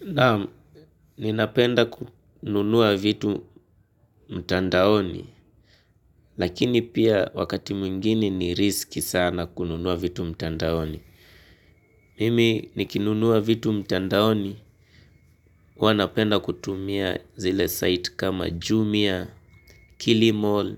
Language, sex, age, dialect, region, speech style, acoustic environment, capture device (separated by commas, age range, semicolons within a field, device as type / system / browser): Swahili, male, 18-24, Kenya - Nairobi Sheng-influenced, Kenya, focused, silence, mobile / Linux / Chrome